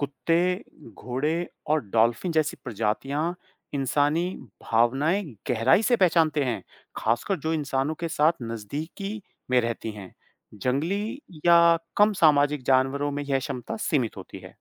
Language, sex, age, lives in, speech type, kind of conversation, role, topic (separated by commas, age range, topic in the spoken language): Hindi, male, 40-44, United States, read, expert-assistant, expert, पालतू जानवर हमारे इशारे क्यों मानते हैं? उनके सीखने की प्रक्रिया कैसी होती है?